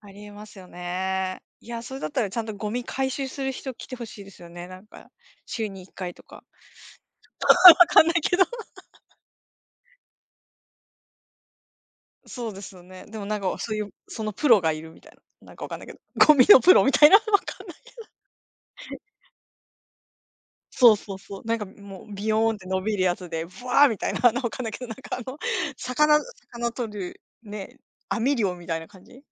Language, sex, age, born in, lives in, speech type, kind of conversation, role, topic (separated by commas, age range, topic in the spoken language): Japanese, female, 45-49, Japan, Japan, conversation, unstructured, none, 宇宙についてご存じのことを教えてください。